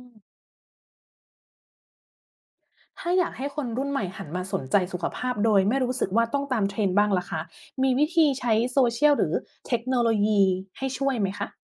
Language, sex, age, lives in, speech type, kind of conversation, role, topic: Thai, female, 40-44, Thailand, read, expert-assistant, asker, ถ้าเราอยากให้คนไทยดูแลสุขภาพกันมากขึ้นในชีวิตประจำวัน จะมีวิธีสร้างแรงบันดาลใจแบบใหม่ๆ ได้อย่างไรบ้าง?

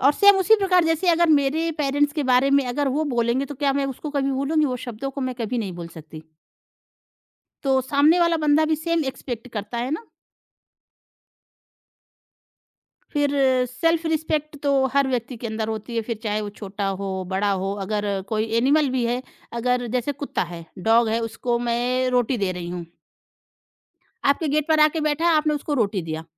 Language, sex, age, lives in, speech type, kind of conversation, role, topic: Hindi, female, 30-34, India, conversation, podcast, guest, Jab aapko kisi ko koi mushkil ya nazuk baat batani ho, toh aap apne shabd kaise chunte hain?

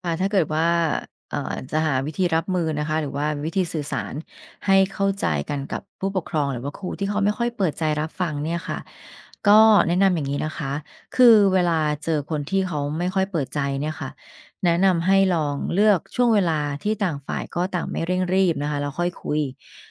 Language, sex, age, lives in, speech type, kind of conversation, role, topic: Thai, female, 45-49, Thailand, read, expert-assistant, expert, วิธีสร้างความสัมพันธ์ที่ดีกับครูและผู้ปกครอง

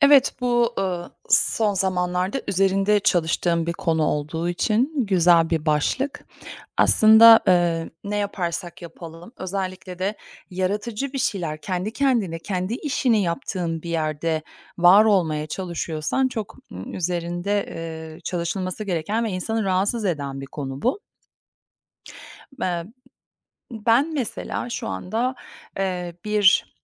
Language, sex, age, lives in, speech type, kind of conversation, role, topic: Turkish, female, 45-49, United States, conversation, podcast, guest, Yaratıcı tıkanıklık yaşadığında bunu nasıl aşıyorsun?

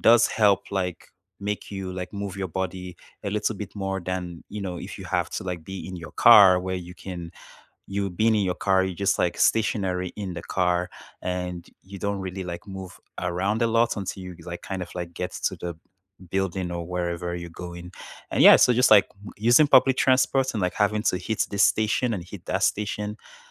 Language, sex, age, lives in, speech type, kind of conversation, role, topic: English, male, 25-29, Canada, conversation, unstructured, none, How do you fit short movement breaks into your busy schedule, and how can we encourage each other?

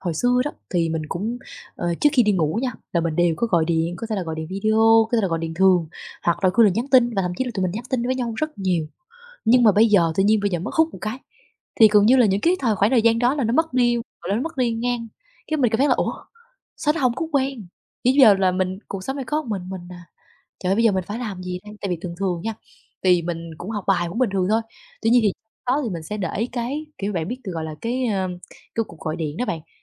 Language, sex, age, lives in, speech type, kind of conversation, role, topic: Vietnamese, female, 20-24, Vietnam, conversation, advice, user, Tôi vừa chia tay và đang cảm thấy trống rỗng, không biết nên làm gì tiếp theo?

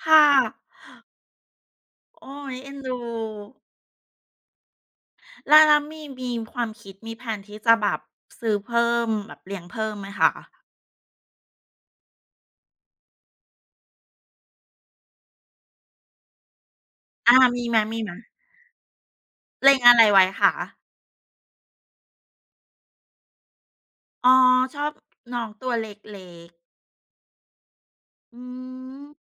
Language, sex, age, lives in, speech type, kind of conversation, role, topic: Thai, female, 40-44, Thailand, conversation, unstructured, none, คุณเคยมีสัตว์เลี้ยงทำอะไรที่ทำให้คุณประทับใจไหม?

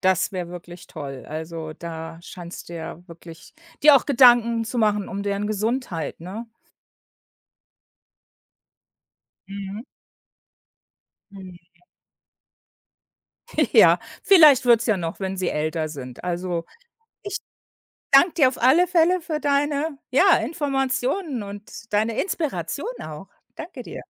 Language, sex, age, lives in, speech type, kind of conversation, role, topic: German, female, 55-59, United States, conversation, podcast, host, Wie bringt man Kindern den Spaß am Kochen bei?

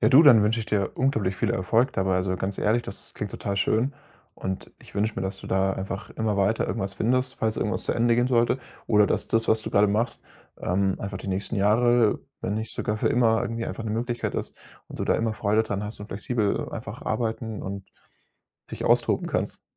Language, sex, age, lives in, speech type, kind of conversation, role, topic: German, male, 25-29, Germany, conversation, podcast, host, Wie bist du eher zufällig an deinen jetzigen Job gekommen?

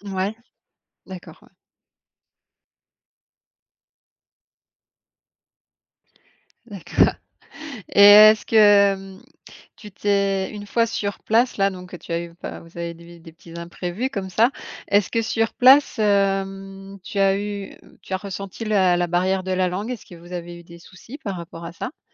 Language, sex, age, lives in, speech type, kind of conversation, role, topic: French, female, 40-44, France, conversation, podcast, host, Parle-moi d’un voyage improvisé qui t’a surpris ?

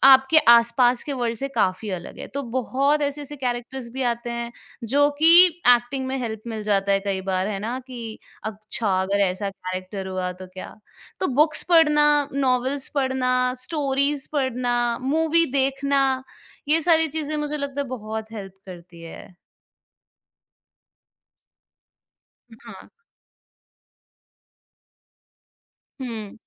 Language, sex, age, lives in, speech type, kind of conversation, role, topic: Hindi, female, 25-29, India, conversation, podcast, guest, Kaunsi chhoti aadatein tumhari rachnaatmakta ko badhaati hain?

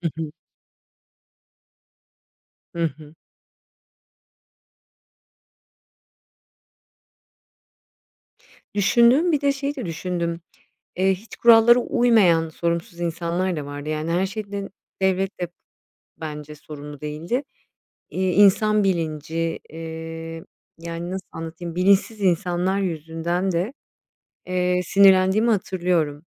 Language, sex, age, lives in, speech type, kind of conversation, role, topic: Turkish, female, 40-44, Spain, conversation, unstructured, none, Pandemilerle mücadelede devletin rolü ne olmalı?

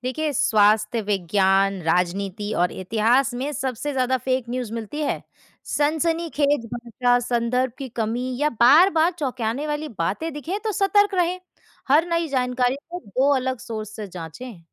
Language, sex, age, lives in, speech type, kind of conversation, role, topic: Hindi, female, 20-24, India, read, expert-assistant, expert, अगर मुझे एक अनोखी कहानी लिखनी है, तो उसके लिए जानकारी कहाँ से ढूँढूँ?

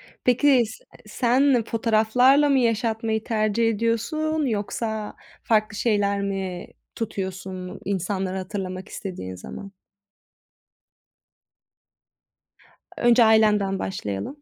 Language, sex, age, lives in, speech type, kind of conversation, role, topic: Turkish, female, 25-29, Italy, conversation, unstructured, none, Sevdiklerinin anısını yaşatmak için neler yaparsın?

